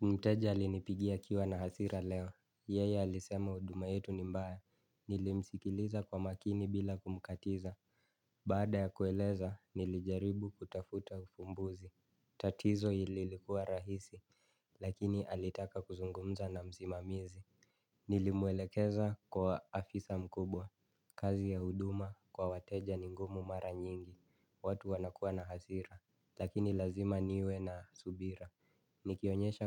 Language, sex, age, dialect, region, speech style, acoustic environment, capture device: Swahili, male, 25-34, Kenya - Nairobi Sheng-influenced, Kenya, focused, silence, mobile / Linux / Chrome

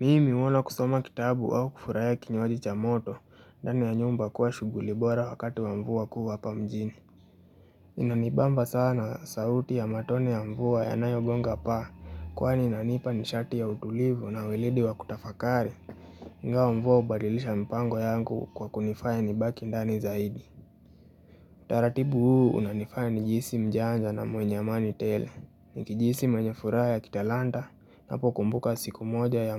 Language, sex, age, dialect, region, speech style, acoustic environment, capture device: Swahili, male, 18-24, Kenya - Nairobi Sheng-influenced, Kenya, relaxed; happy; focused, nature; appliances, mobile / Linux / Chrome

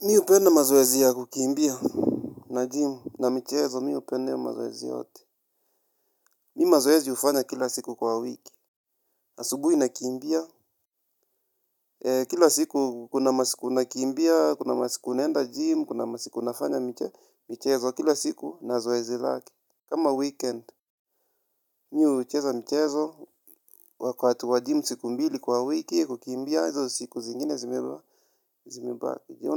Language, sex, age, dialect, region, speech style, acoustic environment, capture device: Swahili, male, 25-34, Kenya - Nairobi Sheng-influenced, Kenya, happy, silence, mobile / Linux / Chrome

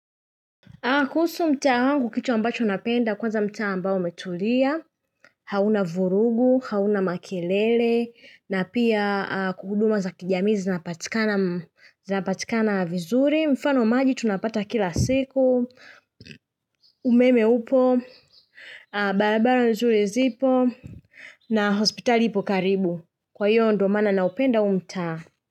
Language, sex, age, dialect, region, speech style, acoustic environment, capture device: Swahili, female, 25-34, Tanzania - Dar es Salaam, Tanzania, happy; relaxed; excited; focused, silence; nature, mobile / Linux / Chrome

